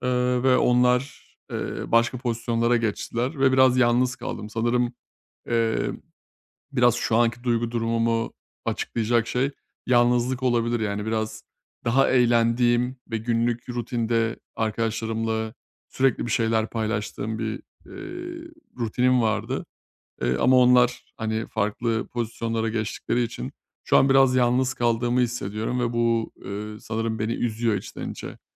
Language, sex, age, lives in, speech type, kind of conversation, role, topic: Turkish, male, 30-34, Bulgaria, conversation, advice, user, Duygularımı daha iyi nasıl fark edip ifade edebilirim?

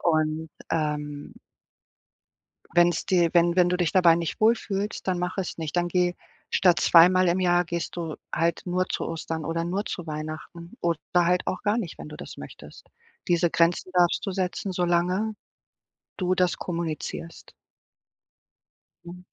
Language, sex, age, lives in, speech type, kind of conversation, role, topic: German, female, 40-44, Portugal, conversation, advice, advisor, Was kann ich tun, wenn ich mich an Feiertagen und bei großen Zusammenkünften oft unwohl fühle?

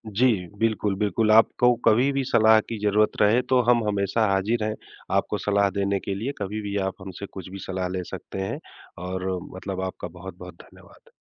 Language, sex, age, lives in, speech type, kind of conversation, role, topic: Hindi, male, 40-44, India, conversation, advice, advisor, नए प्रोजेक्ट के लिए नए विचार क्यों नहीं आ रहे?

